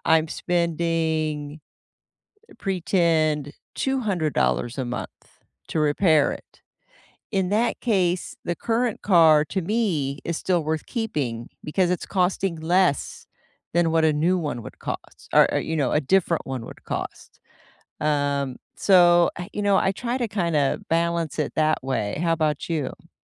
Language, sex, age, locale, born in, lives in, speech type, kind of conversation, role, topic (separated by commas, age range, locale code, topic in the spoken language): English, female, 55-59, en-US, United States, United States, conversation, unstructured, none, Should you keep your old car longer instead of upgrading to a newer, more efficient model?